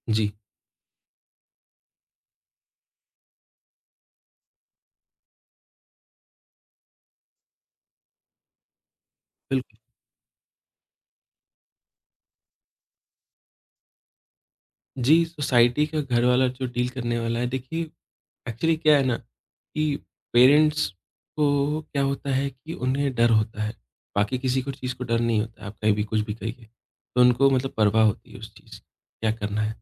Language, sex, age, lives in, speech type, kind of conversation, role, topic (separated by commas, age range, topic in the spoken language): Hindi, male, 25-29, India, conversation, podcast, guest, Tumne pehli baar kaunsa hunar khud seekha, aur tumhara anubhav kaisa raha?